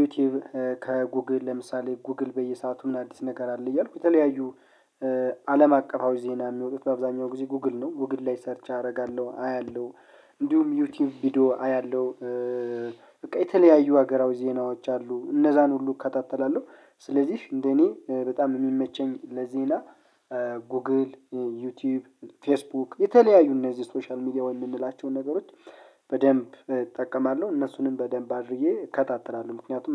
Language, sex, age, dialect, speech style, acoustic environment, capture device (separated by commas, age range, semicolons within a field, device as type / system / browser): Amharic, male, 25-34, Ethiopia - Gondar, happy; relaxed, people talking; music; nature, mobile / Linux / Chrome